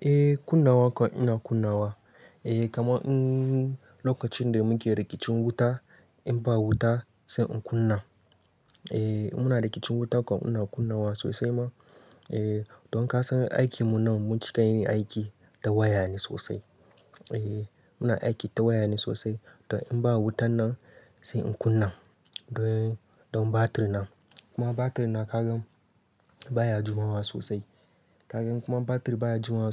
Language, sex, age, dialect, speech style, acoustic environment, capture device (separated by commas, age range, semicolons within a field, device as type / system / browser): Hausa, male, 25-34, Nigeria - Katsina, focused; happy, nature, mobile / Linux / Chrome